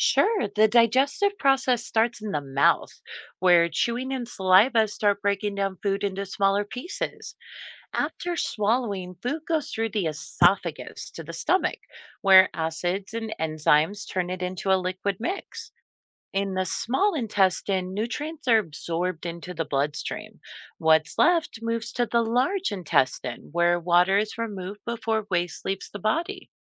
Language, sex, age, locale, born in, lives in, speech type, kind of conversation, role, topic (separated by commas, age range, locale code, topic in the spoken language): English, female, 45-49, en-US, United States, United States, read, expert-assistant, expert, How does the human digestive system work?